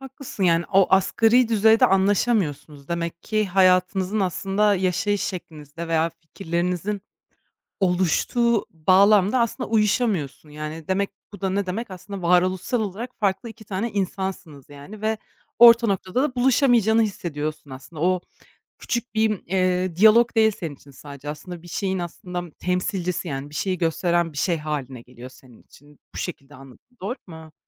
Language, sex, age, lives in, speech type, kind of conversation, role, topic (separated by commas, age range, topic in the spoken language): Turkish, female, 25-29, Germany, conversation, podcast, host, Motivasyonunuz düştüğünde rutininize nasıl geri dönersiniz?